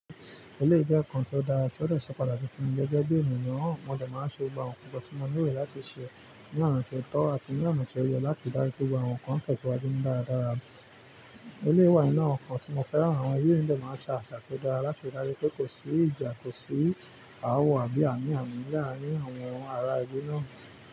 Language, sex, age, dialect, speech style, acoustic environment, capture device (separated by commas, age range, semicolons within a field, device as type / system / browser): Yoruba, male, 25-34, Nigeria - Nigerian Standard, focused, nature, mobile / Linux / Chrome